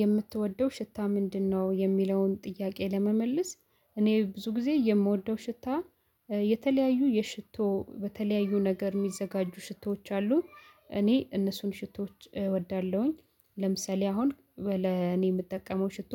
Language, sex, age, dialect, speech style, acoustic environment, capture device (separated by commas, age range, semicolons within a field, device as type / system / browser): Amharic, female, 25-34, Ethiopia - Addis Ababa, happy; excited, silence, mobile / Linux / Chrome